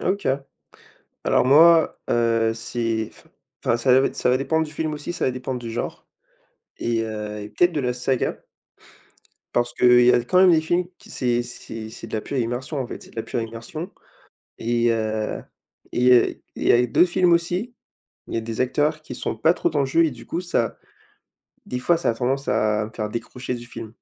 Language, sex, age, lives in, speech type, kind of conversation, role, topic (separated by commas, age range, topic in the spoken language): French, male, 20-24, France, conversation, unstructured, none, Préférez-vous aller au cinéma pour voir un film ou regarder une série à la maison ?